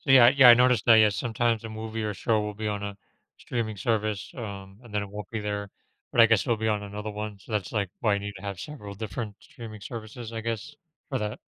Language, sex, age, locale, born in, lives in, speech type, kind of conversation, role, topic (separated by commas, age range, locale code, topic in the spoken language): English, male, 35-39, en-US, United States, United States, conversation, podcast, host, What habits or routines help you relax and recharge after a long day?